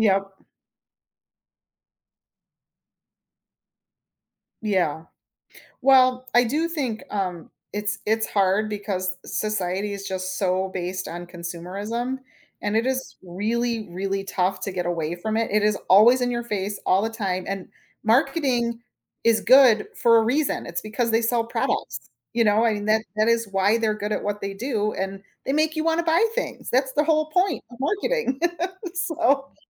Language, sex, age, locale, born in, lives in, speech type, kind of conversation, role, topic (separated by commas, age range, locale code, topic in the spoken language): English, female, 45-49, en-US, United States, United States, conversation, podcast, host, How can learning from mistakes shape your personal growth?